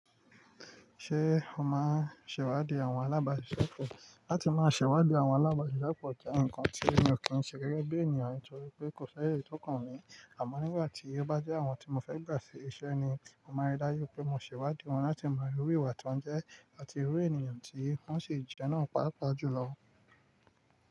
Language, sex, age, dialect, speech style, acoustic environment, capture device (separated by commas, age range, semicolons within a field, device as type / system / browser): Yoruba, male, 25-34, Nigeria - Nigerian Standard, relaxed, nature, mobile / Linux / Chrome